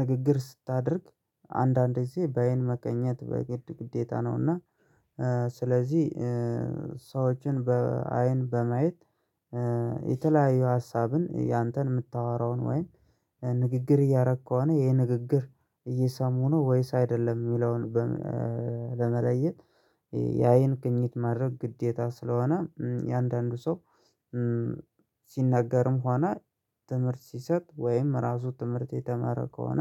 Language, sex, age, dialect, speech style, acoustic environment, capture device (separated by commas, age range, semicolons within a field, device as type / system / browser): Amharic, male, 25-34, Ethiopia - Gojjam, excited, silence, mobile / Linux / Chrome